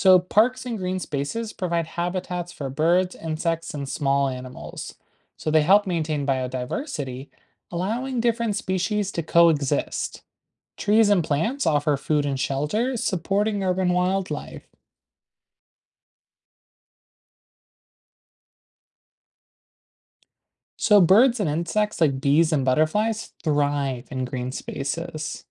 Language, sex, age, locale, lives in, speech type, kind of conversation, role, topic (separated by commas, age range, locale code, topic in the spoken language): English, male, 20-24, en-US, United States, read, expert-assistant, expert, What are the benefits of urban greenery in cities?